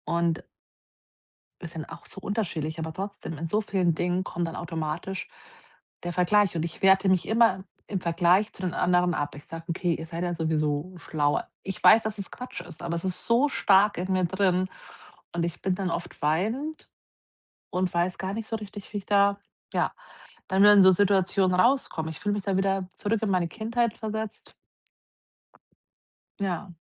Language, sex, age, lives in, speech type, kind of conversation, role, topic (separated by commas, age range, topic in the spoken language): German, female, 40-44, Germany, conversation, advice, user, Wie kann ich aufhören, mich mit anderen zu vergleichen und meine Selbstakzeptanz stärken?